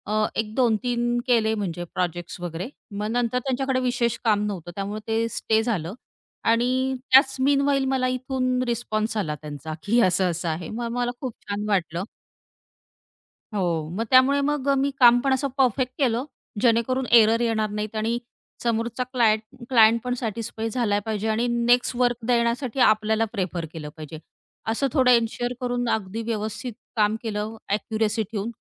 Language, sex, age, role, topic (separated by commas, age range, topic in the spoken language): Marathi, female, 35-39, guest, तुम्ही फ्रीलान्सिंगचे कौशल्य स्वतः कसे मिळवले?